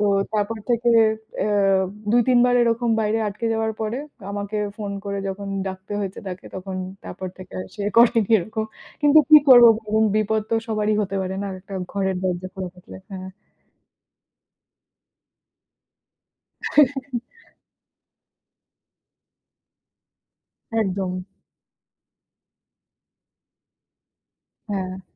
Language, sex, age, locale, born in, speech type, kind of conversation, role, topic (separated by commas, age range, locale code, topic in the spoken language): Bengali, female, 30-34, bn-IN, India, conversation, unstructured, none, প্রতিবেশীদের সঙ্গে ভালো সম্পর্ক কীভাবে গড়ে তোলা যায়?